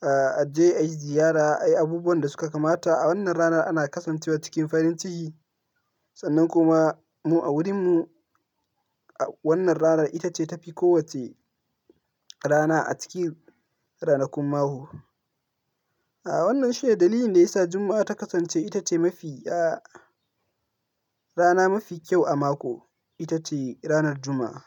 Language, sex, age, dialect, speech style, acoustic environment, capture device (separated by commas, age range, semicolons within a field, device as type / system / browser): Hausa, male, 18-24, Nigeria - Kano, happy, silence, mobile / Linux / Chrome